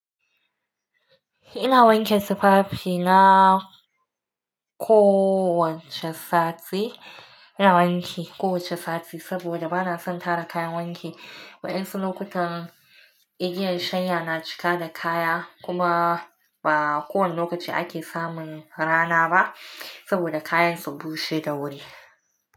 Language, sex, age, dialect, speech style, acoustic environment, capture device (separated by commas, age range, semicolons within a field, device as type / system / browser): Hausa, female, 25-34, Nigeria - Nigerian Standard, relaxed, nature, mobile / Linux / Chrome